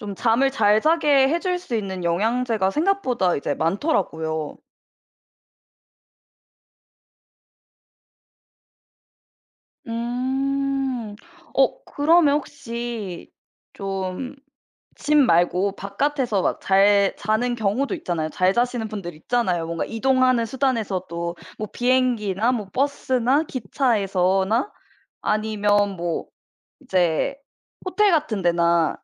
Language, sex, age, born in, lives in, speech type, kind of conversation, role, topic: Korean, female, 25-29, South Korea, United States, conversation, podcast, host, 숙면을 위해 평소에 어떤 노력을 하고 계신가요?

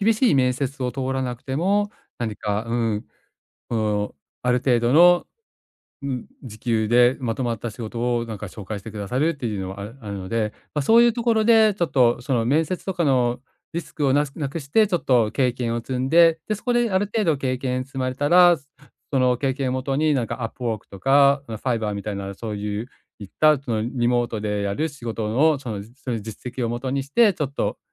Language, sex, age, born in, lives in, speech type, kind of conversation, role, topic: Japanese, male, 45-49, Japan, Japan, conversation, advice, advisor, 倹約しつつ、たまの贅沢も楽しむにはどうすればよいですか？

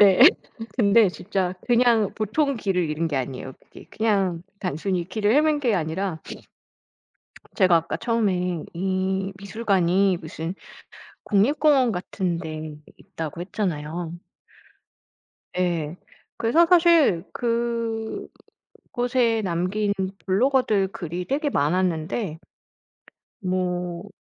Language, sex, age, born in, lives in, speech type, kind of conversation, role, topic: Korean, female, 45-49, South Korea, France, conversation, podcast, guest, 여행 중 길을 잃었던 경험 중 가장 기억에 남는 일은 무엇인가요?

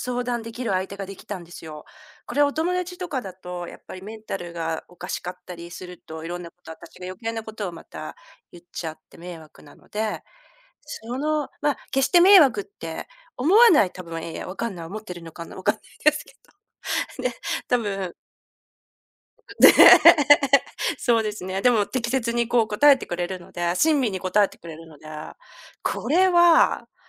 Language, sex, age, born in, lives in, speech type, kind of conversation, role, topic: Japanese, female, 50-54, Japan, Japan, conversation, podcast, guest, 支えになった人や言葉はありますか？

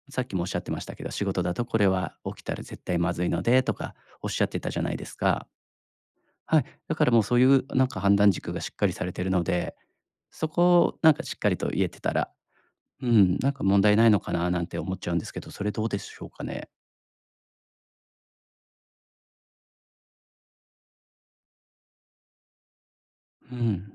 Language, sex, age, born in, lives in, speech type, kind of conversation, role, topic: Japanese, male, 35-39, Japan, Japan, conversation, advice, advisor, 自分の意見を言えず、いつも周りに合わせてしまうのはなぜですか？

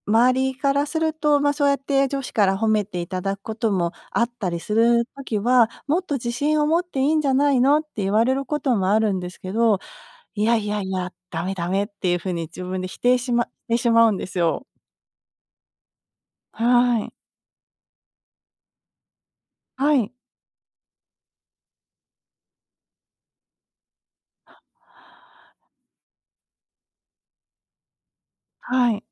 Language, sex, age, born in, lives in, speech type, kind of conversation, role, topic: Japanese, female, 50-54, Japan, United States, conversation, advice, user, 内なる批判の声が強くて自信が持てないとき、どう対処すればいいですか？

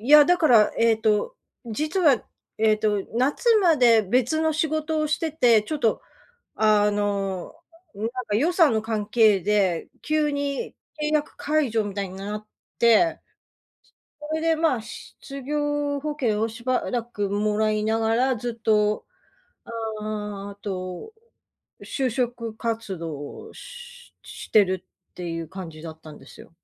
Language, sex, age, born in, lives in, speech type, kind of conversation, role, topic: Japanese, female, 55-59, Japan, United States, conversation, advice, user, 仕事と家庭のバランスが取れず疲弊している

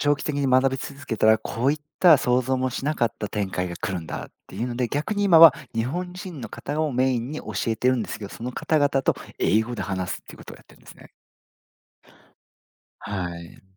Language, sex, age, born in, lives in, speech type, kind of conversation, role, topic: Japanese, male, 40-44, Japan, Japan, conversation, podcast, guest, 長期的に学び続けるモチベーションは何ですか？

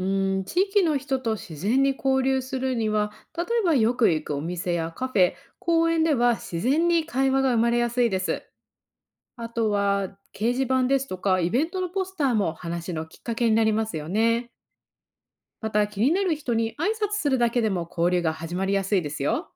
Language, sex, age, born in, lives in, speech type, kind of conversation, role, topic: Japanese, female, 30-34, Japan, United States, read, expert-assistant, expert, 毎日の通勤やお散歩の中で、地域の個性や人々の暮らしを感じ取るには、どんな点に注目すると面白いですか？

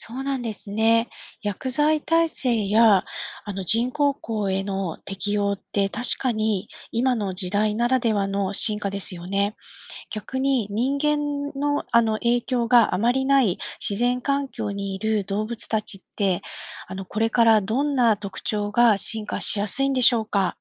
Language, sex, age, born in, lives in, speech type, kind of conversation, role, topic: Japanese, female, 45-49, Japan, Japan, read, expert-assistant, asker, 動物はこれからどのように進化すると考えられますか？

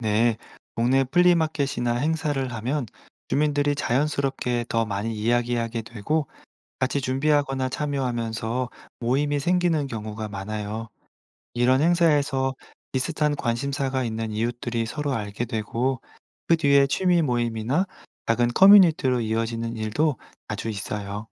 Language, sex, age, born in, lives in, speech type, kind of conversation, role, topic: Korean, male, 35-39, South Korea, France, read, expert-assistant, expert, 온라인 쇼핑이 소비자 행동에 끼친 변화는 무엇인가요?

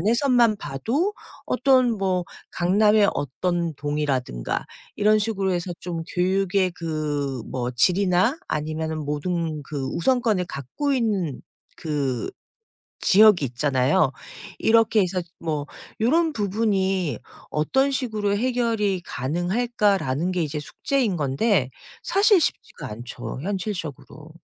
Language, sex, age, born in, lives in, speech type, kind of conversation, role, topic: Korean, female, 50-54, South Korea, United States, conversation, podcast, guest, 평등한 교육 기회는 실제로 가능한가요?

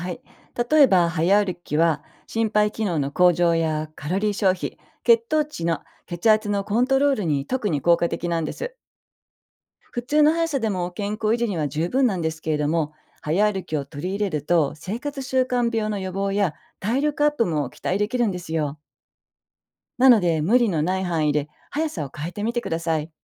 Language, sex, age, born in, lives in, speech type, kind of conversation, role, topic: Japanese, female, 55-59, Japan, Japan, read, expert-assistant, expert, 1日1万歩歩くことが健康維持に必須だという話は本当ですか？なぜこの数字が広まったのかも含めて教えてください。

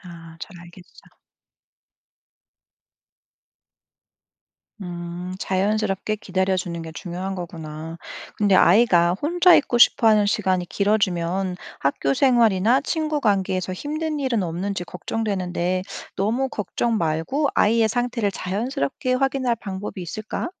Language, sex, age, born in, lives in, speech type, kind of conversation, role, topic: Korean, female, 35-39, South Korea, Germany, read, expert-assistant, asker, 아이와 말이 잘 안 통할 때, 어떻게 하면 자연스럽게 대화를 다시 시작할 수 있을까요?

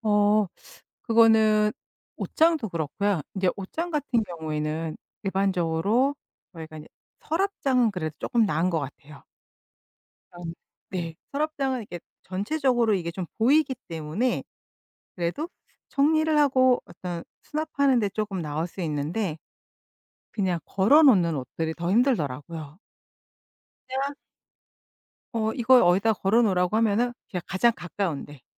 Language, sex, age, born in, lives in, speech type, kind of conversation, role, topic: Korean, female, 50-54, South Korea, United States, conversation, podcast, guest, 정리한 뒤에도 집을 깔끔하게 유지하는 방법이 있을까요?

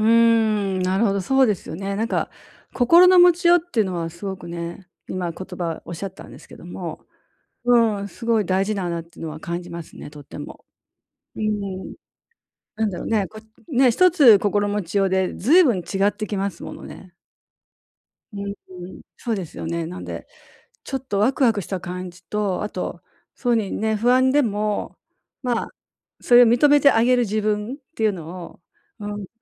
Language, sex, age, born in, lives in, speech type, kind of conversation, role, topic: Japanese, female, 60-64, Japan, Japan, conversation, advice, user, 新しい場所に行くとき、不安をどうやって和らげられますか？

